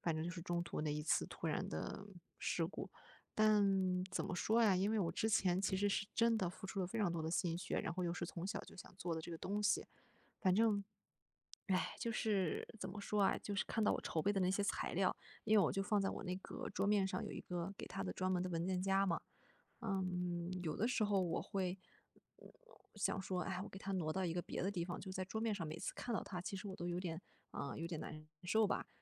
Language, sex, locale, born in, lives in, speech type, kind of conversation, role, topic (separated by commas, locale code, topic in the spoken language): Chinese, female, zh-CN, China, Germany, conversation, advice, user, 如何找回动力并完成之前未完成的项目？